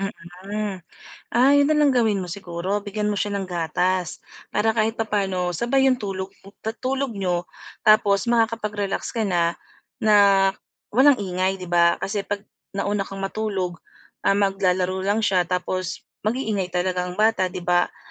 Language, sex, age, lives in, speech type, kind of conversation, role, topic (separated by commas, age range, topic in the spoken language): Filipino, female, 40-44, Philippines, conversation, advice, advisor, Paano ako makakapagpahinga sa bahay kung maingay?